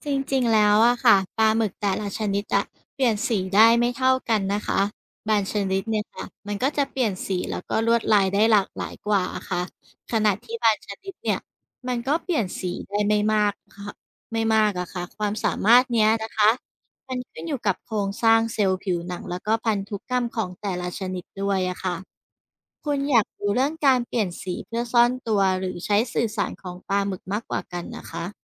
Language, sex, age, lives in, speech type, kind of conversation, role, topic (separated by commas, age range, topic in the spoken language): Thai, female, 35-39, Thailand, read, expert-assistant, expert, ทำไมปลาหมึกสามารถเปลี่ยนสีตัวเอง